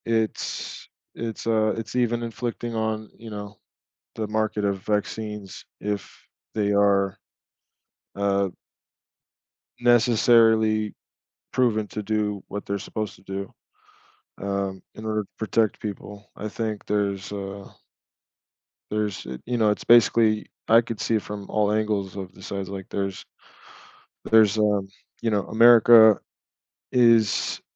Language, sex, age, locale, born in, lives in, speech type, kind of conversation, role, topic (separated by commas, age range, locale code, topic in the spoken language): English, male, 35-39, en-US, United States, United States, conversation, unstructured, none, What could happen to global health if countries worked together to make vaccines accessible to everyone?